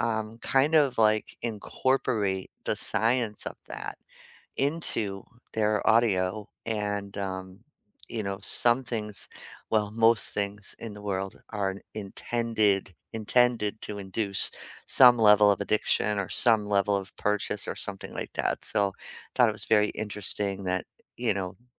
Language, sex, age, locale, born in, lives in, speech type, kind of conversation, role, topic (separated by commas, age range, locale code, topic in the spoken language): English, female, 55-59, en-US, United States, United States, conversation, unstructured, none, How does music affect your mood and motivation?